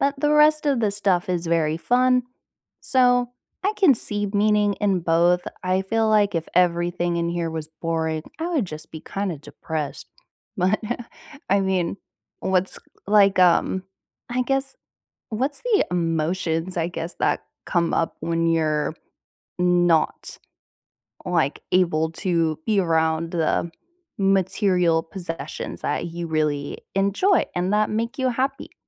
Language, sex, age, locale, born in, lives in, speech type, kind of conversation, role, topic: English, female, 20-24, en-US, United States, United States, conversation, unstructured, none, Could choosing a simpler life with fewer possessions bring more happiness and meaning than pursuing material success?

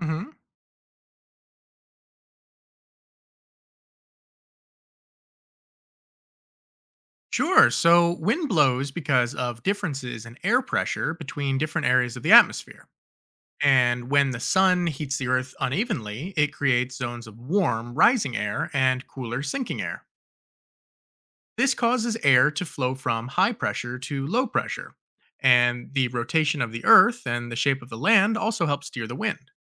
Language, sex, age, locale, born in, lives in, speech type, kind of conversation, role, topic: English, male, 30-34, en-US, United States, United States, read, expert-assistant, expert, What causes wind to blow?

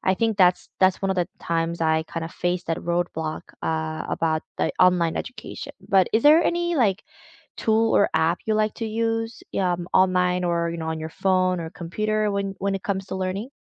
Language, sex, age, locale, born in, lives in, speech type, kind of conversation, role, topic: English, female, 30-34, en-CA, South Korea, Canada, conversation, unstructured, none, How has technology shaped your learning, from your first online lesson to connecting with classmates and mentors?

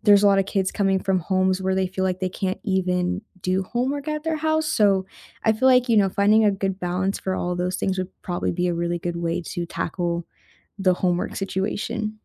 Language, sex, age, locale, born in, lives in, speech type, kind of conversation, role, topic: English, female, 20-24, en-US, United States, United States, conversation, unstructured, none, How would you redesign homework to fit real life, spark curiosity, and reduce stress?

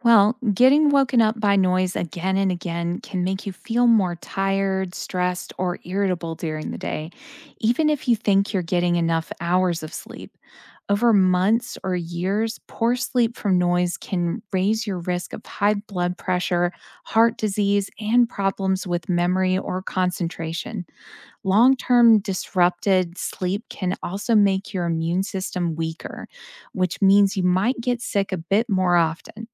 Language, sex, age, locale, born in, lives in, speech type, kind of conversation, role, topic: English, female, 35-39, en-US, United States, United States, read, expert-assistant, expert, What are the effects of noise pollution on health?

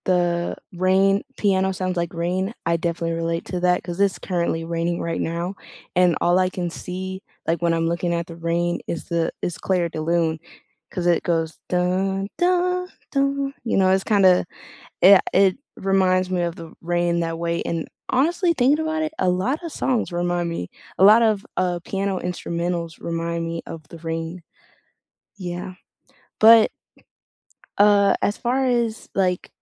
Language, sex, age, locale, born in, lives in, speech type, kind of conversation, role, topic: English, female, 18-19, en-US, United States, United States, conversation, unstructured, none, What song have you had on repeat lately, and how does it make you feel?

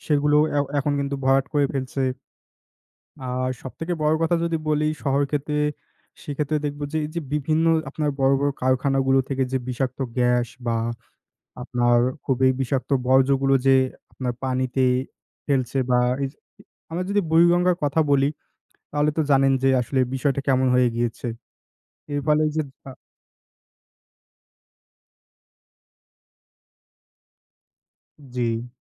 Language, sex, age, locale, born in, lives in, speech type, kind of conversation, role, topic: Bengali, male, 20-24, bn-BD, Bangladesh, Bangladesh, conversation, unstructured, none, আপনার মতে আজকাল প্রাকৃতিক দুর্যোগ কেন বেশি হচ্ছে?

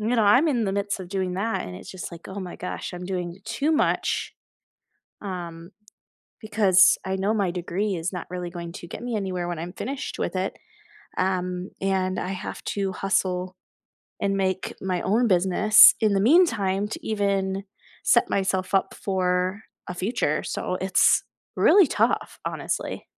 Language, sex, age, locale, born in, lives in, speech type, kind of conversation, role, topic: English, female, 20-24, en-US, United States, United States, conversation, unstructured, none, What personal habit are you building right now, why does it matter, and how can we support each other?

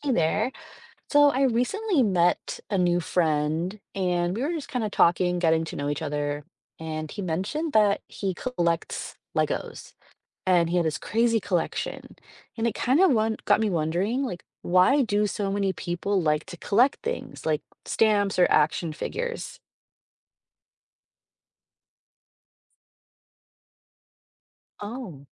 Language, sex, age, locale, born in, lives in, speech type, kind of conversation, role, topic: English, female, 35-39, en-US, United States, United States, read, expert-assistant, asker, Why do people collect things like stamps?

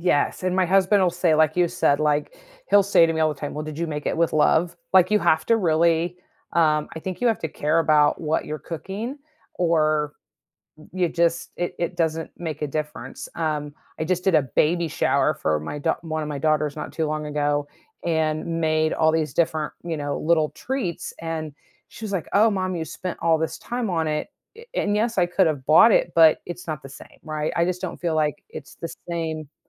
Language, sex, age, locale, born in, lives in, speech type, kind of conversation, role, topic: English, female, 50-54, en-US, United States, United States, conversation, podcast, host, Why do shared meals play such an important role in building connections between people?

